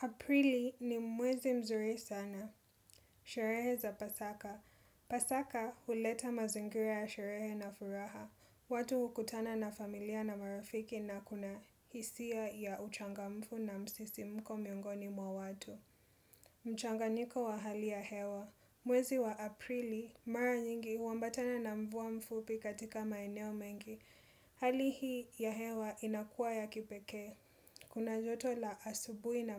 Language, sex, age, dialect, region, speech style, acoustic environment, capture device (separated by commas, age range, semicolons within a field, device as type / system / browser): Swahili, female, 25-34, Kenya - Mombasa, Kenya, happy; relaxed; excited; nervous, people talking; nature; appliances, desktop / Windows / Chrome